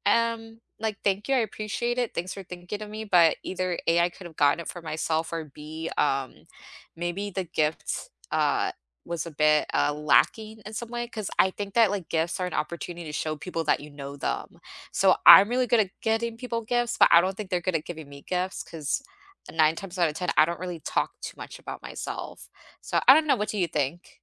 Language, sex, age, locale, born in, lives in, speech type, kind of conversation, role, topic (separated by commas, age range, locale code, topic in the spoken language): English, female, 25-29, en-US, United States, United States, conversation, unstructured, none, How do love languages actually work for you?